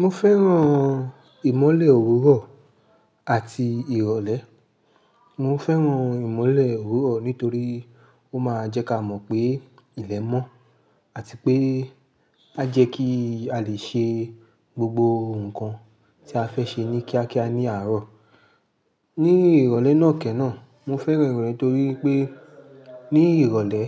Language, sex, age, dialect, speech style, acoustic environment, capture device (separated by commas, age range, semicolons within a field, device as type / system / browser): Yoruba, male, 25-34, Nigeria - Lagos Yoruba, happy; anxious; excited; tired; stressed, people talking; music; traffic; construction, mobile / Linux / Chrome